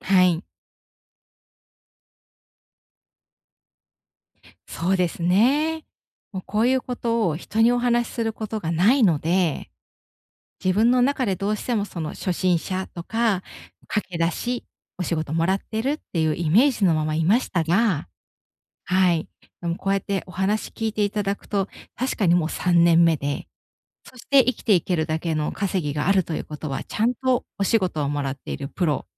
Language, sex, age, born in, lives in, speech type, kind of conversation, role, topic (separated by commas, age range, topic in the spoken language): Japanese, female, 35-39, Japan, Japan, conversation, advice, user, 仕事と私生活はどちらを優先すべきですか？